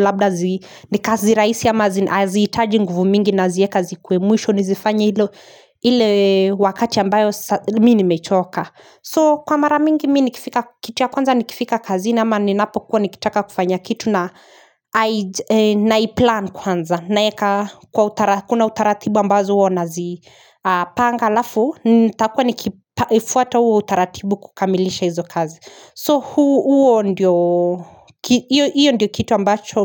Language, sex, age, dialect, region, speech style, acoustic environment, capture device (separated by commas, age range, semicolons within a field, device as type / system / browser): Swahili, female, 25-34, Kenya - Nairobi Sheng-influenced, Kenya, happy; focused; relaxed, people talking, mobile / Linux / Chrome